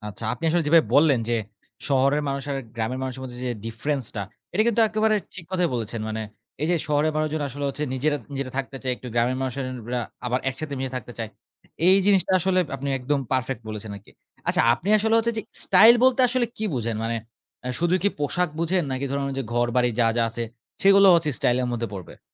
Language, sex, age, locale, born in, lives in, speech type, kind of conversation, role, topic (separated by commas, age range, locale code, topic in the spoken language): Bengali, male, 20-24, bn-BD, Bangladesh, Bangladesh, conversation, podcast, host, শহর আর গ্রামের মধ্যে স্টাইলের বড় পার্থক্য তুমি কীভাবে দেখো?